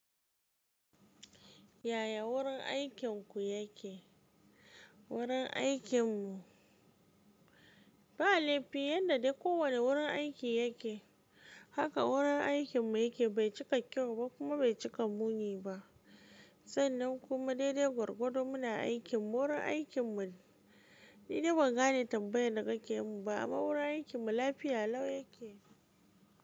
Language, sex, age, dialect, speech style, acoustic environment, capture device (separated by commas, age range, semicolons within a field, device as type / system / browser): Hausa, female, 18-24, Nigeria - Kano, happy; focused; excited, silence, mobile / Linux / Chrome